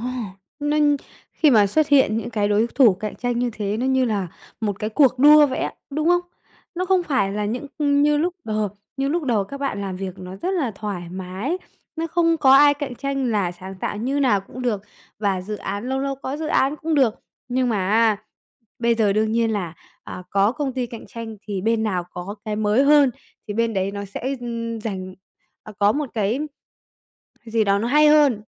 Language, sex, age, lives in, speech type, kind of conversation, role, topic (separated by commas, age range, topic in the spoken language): Vietnamese, female, 45-49, Vietnam, conversation, advice, advisor, Bạn đang cảm thấy kiệt sức và không còn cảm hứng sáng tạo phải không?